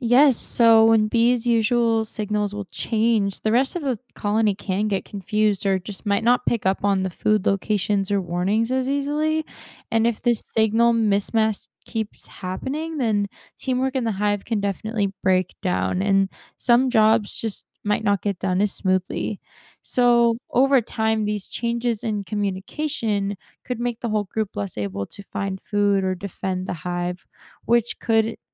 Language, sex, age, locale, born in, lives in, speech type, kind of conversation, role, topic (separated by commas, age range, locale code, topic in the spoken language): English, female, 20-24, en-US, United States, United States, read, expert-assistant, expert, How do honeybees communicate about food sources?